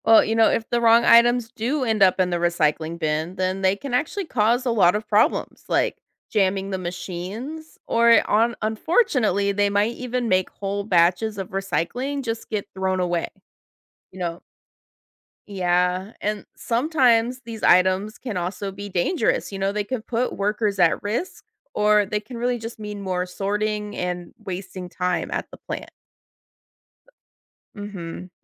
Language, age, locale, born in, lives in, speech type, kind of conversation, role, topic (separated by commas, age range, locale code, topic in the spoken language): English, 30-34, en-US, United States, United States, read, expert-assistant, expert, How does recycling help protect the environment?